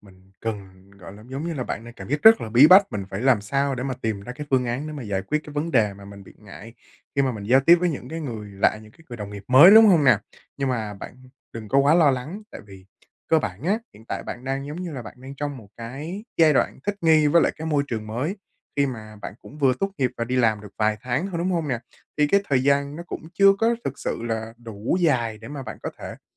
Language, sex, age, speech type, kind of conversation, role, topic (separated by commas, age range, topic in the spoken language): Vietnamese, male, 20-24, conversation, advice, advisor, Làm sao để kiểm soát và giảm lo lắng khi giao tiếp với người lạ?